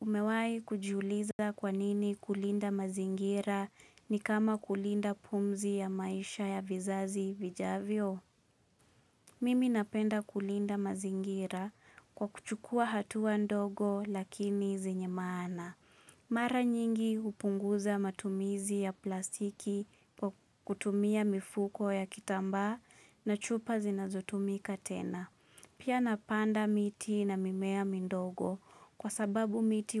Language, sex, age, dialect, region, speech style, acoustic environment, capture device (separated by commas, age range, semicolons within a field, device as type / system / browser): Swahili, female, 25-34, Kenya - Nairobi Sheng-influenced, Kenya, focused, silence, mobile / Linux / Chrome